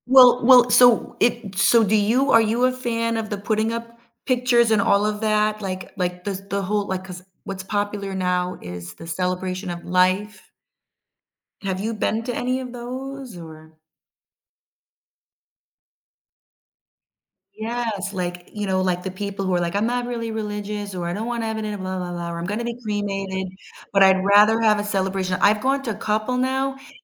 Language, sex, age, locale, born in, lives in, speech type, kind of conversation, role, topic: English, female, 50-54, en-US, United States, United States, conversation, podcast, host, How do people cope with grief and find support during difficult times?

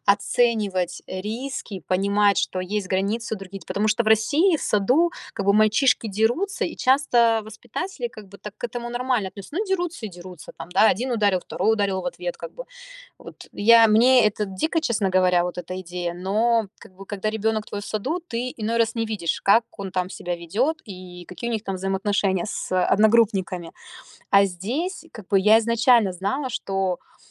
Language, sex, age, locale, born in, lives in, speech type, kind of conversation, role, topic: Russian, female, 30-34, ru-RU, Russia, United States, conversation, advice, user, Как мне установить личные границы и добиться уважения в новой культуре?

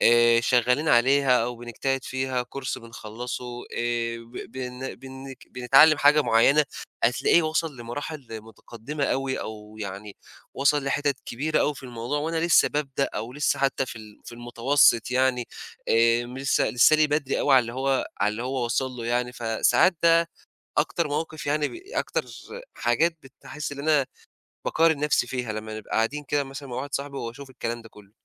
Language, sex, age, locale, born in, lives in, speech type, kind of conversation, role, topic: Arabic, male, 20-24, ar-EG, Egypt, Egypt, conversation, advice, user, إزاي أبطل أقارن نفسي بالناس عشان الإحباط ما يوقفش عادتي الإبداعية؟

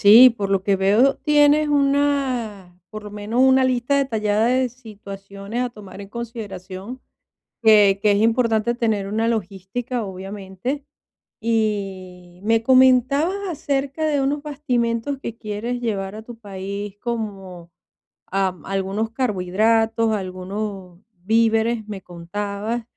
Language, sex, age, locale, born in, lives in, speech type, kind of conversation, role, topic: Spanish, female, 50-54, es-VE, Venezuela, Portugal, conversation, advice, advisor, ¿Cómo puedo organizar un viaje sin sentirme abrumado?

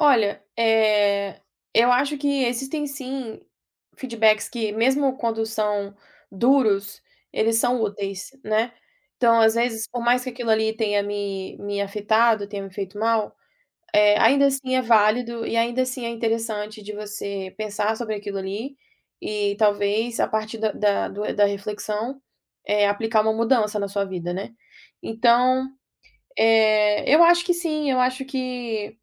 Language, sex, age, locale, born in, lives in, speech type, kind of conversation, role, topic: Portuguese, female, 25-29, pt-BR, Brazil, United States, conversation, podcast, guest, Como você incorpora feedback sem perder sua visão?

